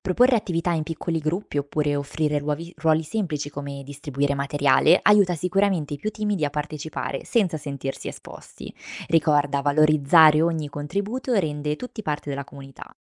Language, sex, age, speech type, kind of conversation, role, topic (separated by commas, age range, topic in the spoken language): Italian, female, 25-29, read, expert-assistant, expert, Come posso imparare a riconoscere i piccoli gesti quotidiani che fanno bene alla salute di tutti?